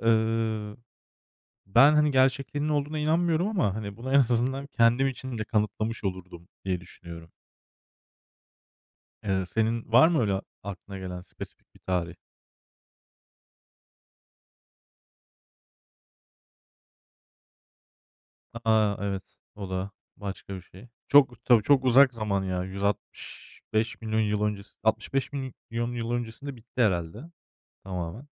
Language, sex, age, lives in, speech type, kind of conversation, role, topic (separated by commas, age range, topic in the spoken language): Turkish, male, 35-39, Germany, conversation, unstructured, none, Bir günlüğüne geçmişe gidebilseydiniz, hangi tarihi olaya tanıklık etmek isterdiniz?